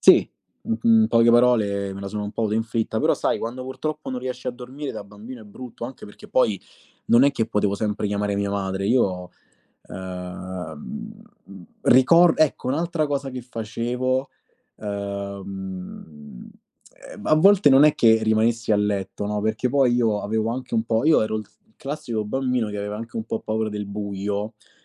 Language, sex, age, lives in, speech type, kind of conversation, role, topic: Italian, male, 25-29, Italy, conversation, podcast, guest, Cosa fai quando non riesci a dormire?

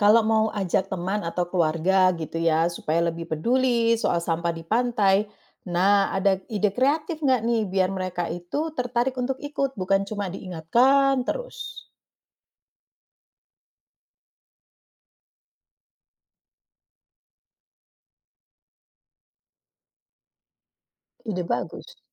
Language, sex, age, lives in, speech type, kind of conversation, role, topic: Indonesian, female, 45-49, Netherlands, read, expert-assistant, asker, Apa solusi kreatif untuk melindungi biota laut dari pencemaran tanpa harus menjadi ilmuwan?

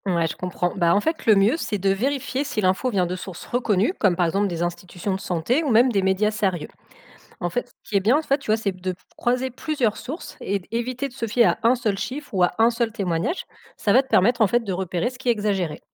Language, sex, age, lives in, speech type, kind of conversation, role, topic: French, female, 35-39, France, read, expert-assistant, expert, Quelles astuces utiliser pour vérifier si ce qu’on lit sur la gestion des hôpitaux est fiable ?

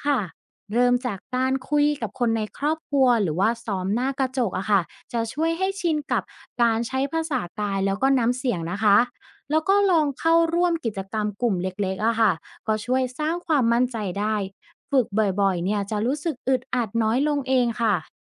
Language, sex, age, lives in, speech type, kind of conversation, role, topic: Thai, female, 25-29, Thailand, read, expert-assistant, expert, ในยุคโซเชียลมีเดีย การสื่อสารแบบพบหน้ากับการสื่อสารผ่านแชท/ข้อความ อะไรเหมาะกับการสร้างความสัมพันธ์ที่ลึกซึ้งและยั่งยืนมากกว่ากัน?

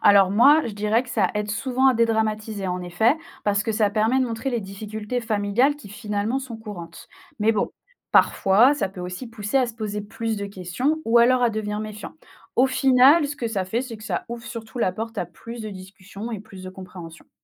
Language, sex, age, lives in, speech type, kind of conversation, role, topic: French, female, 25-29, France, read, expert-assistant, expert, Pourquoi de nombreux romans récents parlent-ils de relations familiales compliquées ?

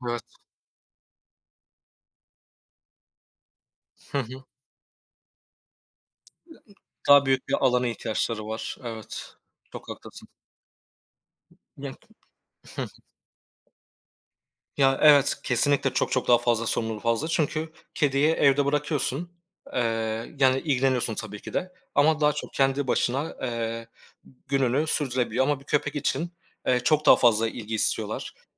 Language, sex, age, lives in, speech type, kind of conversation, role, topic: Turkish, male, 25-29, Poland, conversation, unstructured, none, Kediyi mi yoksa köpeği mi daha çok seversiniz?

